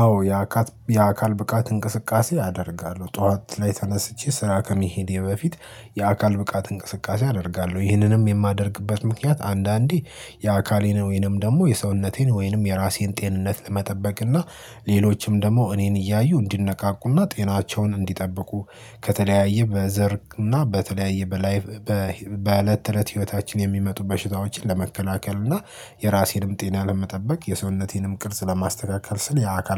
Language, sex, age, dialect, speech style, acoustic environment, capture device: Amharic, male, 18-24, Ethiopia - Addis Ababa, happy, people talking, mobile / Linux / Chrome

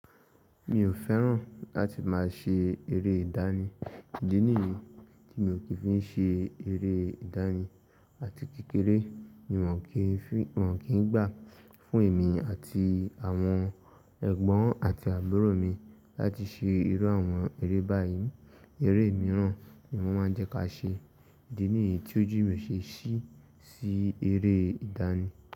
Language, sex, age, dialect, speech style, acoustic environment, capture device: Yoruba, male, 18-24, Nigeria - Ibadan, relaxed, nature; silence, mobile / Linux / Chrome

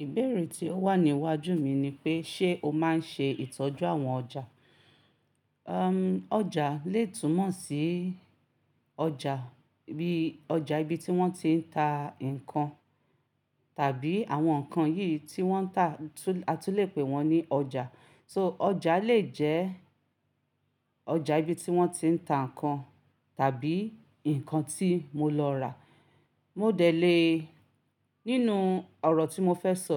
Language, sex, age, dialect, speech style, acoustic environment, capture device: Yoruba, female, 35-44, Nigeria - Ibadan, relaxed; excited, silence, mobile / Linux / Chrome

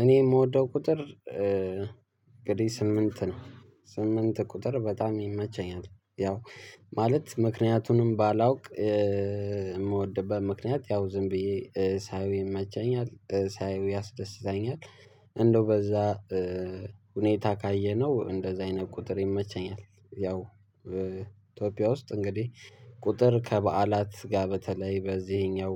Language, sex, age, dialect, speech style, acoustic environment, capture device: Amharic, male, 18-24, Ethiopia - Addis Ababa, excited, nature, mobile / Linux / Chrome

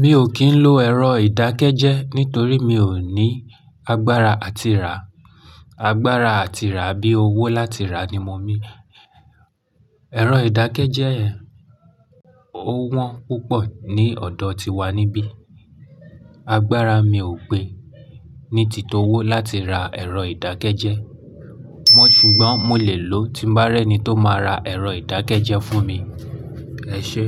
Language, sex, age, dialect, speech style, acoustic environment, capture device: Yoruba, male, 25-34, Nigeria - Lagos Yoruba, focused; happy; anxious, silence, mobile / Linux / Chrome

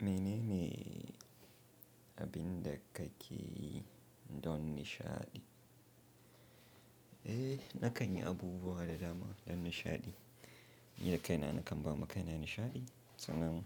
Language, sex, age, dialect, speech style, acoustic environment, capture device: Hausa, male, 25-34, Nigeria - Nigerian Standard, happy; relaxed; focused, silence, mobile / Linux / Chrome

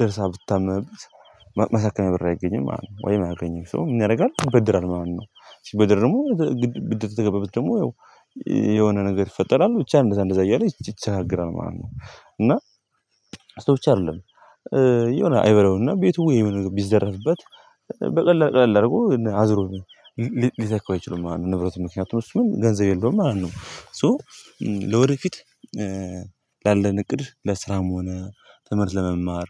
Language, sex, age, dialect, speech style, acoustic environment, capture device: Amharic, male, 18-24, Ethiopia - Gondar, happy; anxious; nervous, people talking; nature; music, mobile / Linux / Chrome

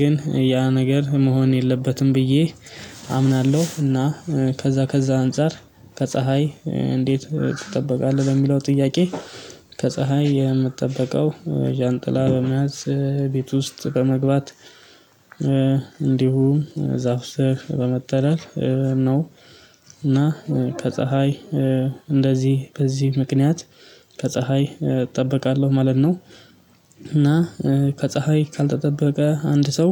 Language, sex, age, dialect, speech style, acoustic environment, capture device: Amharic, male, 25-34, Ethiopia - Addis Ababa, excited; happy, silence, mobile / Linux / Chrome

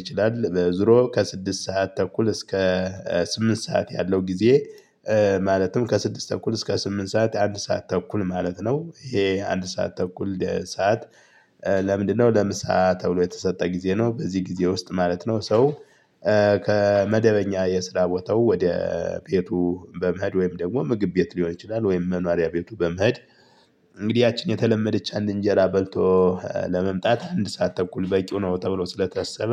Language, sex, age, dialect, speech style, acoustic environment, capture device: Amharic, male, 35-44, Ethiopia - Gojjam, happy, people talking, mobile / Linux / Chrome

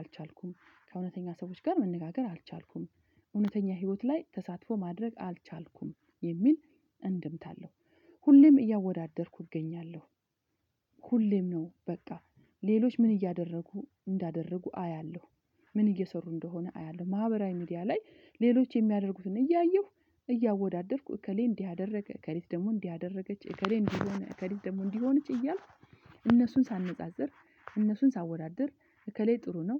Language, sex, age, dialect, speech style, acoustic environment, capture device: Amharic, female, 45-54, Ethiopia - Addis Ababa, excited, silence, mobile / Linux / Chrome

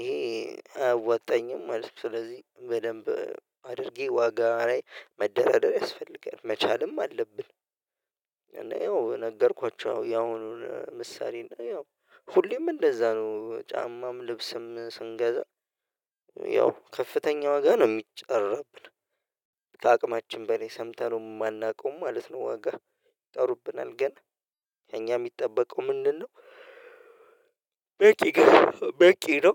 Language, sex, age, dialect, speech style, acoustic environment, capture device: Amharic, male, 25-34, Ethiopia - Addis Ababa, relaxed, silence; nature, mobile / Linux / Chrome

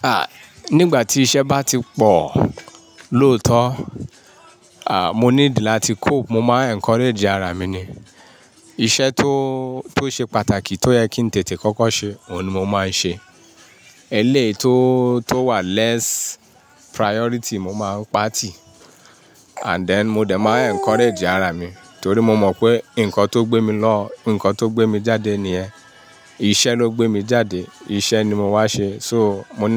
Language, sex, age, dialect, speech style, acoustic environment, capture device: Yoruba, male, 35-44, Nigeria - Ibadan, happy; focused, nature; appliances, mobile / Linux / Chrome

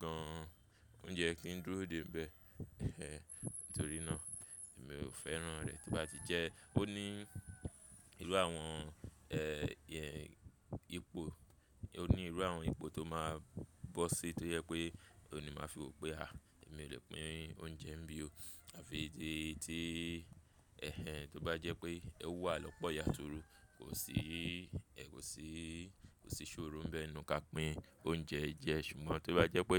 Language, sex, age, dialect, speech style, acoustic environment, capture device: Yoruba, male, 25-34, Nigeria - Lagos Yoruba, focused, people talking; traffic, mobile / Linux / Chrome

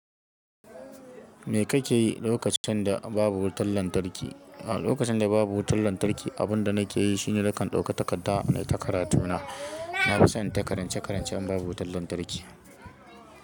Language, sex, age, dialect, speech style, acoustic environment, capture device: Hausa, female, 25-34, Nigeria - Kano, excited; happy, silence, mobile / Linux / Chrome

